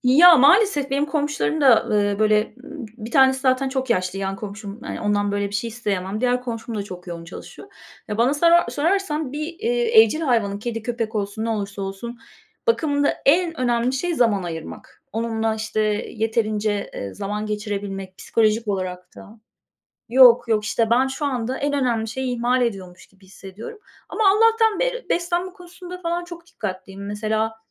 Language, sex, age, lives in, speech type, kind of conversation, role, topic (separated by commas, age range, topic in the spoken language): Turkish, female, 45-49, Spain, conversation, unstructured, none, Evcil hayvanların günlük bakımı nasıl yapılır?